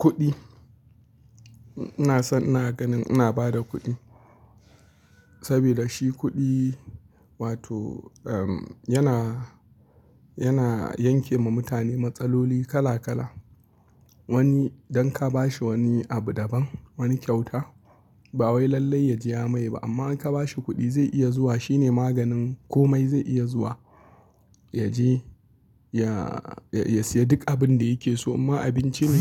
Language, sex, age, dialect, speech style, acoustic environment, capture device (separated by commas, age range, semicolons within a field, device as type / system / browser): Hausa, male, 25-34, Nigeria - Kano, happy; anxious; relaxed; tired; stressed; focused; excited; nervous, people talking; music; nature; silence; traffic; appliances; construction, mobile / Linux / Chrome